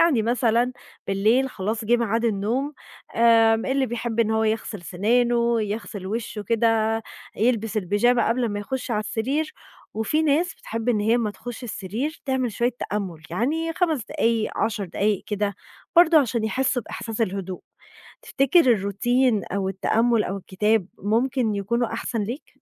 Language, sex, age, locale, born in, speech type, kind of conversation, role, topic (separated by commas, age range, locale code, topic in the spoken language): Arabic, female, 20-24, ar-EG, Egypt, conversation, advice, advisor, ليه بصحى كتير بالليل من غير سبب واضح؟